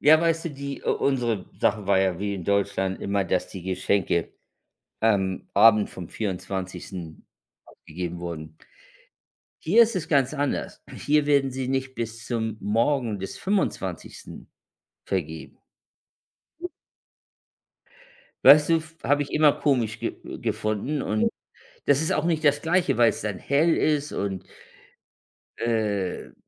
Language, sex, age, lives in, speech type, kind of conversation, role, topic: German, male, 55-59, United States, conversation, unstructured, none, Was ist dein liebstes Fest und warum?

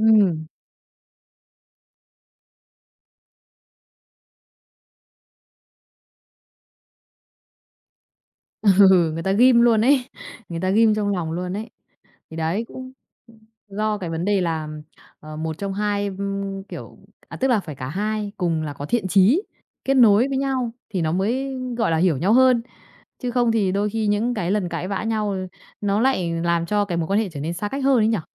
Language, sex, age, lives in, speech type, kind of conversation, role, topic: Vietnamese, female, 30-34, Vietnam, conversation, podcast, host, Bạn làm thế nào để giữ gìn mối quan hệ sau một trận cãi nhau lớn tiếng?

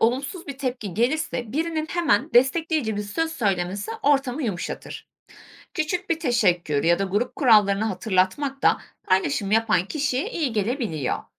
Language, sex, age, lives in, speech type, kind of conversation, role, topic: Turkish, female, 25-29, Germany, read, expert-assistant, expert, Toplumda 'sınıf' ne anlama gelir? Günlük hayatımızda bunu nasıl hissederiz?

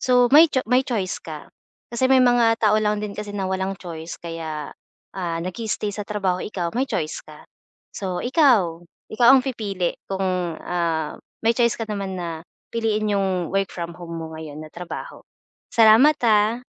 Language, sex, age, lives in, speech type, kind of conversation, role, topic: Filipino, female, 25-29, Philippines, conversation, advice, advisor, Paano mo hinaharap ang pagod at stress dahil sa mas mahabang biyahe papunta sa trabaho?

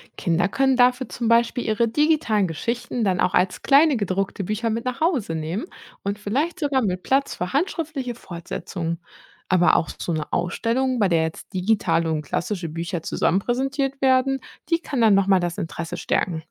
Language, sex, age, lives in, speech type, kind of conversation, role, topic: German, female, 30-34, Germany, read, expert-assistant, expert, Wie ließe sich digitale Kunst nutzen, um Geschichten auf neue Weise zu erzählen?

